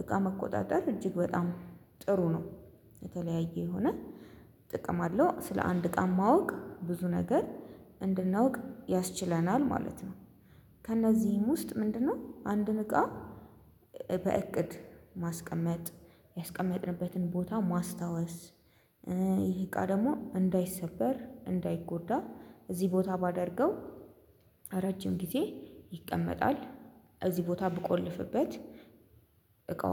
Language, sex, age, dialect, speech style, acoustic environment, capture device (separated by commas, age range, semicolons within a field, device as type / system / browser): Amharic, female, 25-34, Ethiopia - Addis Ababa, happy, silence, mobile / Linux / Chrome